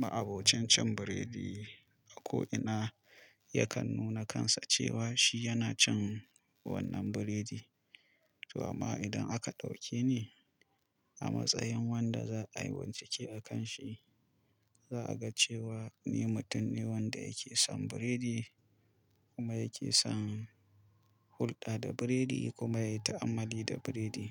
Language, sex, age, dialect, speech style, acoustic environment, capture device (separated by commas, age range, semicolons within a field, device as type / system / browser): Hausa, male, 25-34, Nigeria - Kano, excited, nature, mobile / Linux / Chrome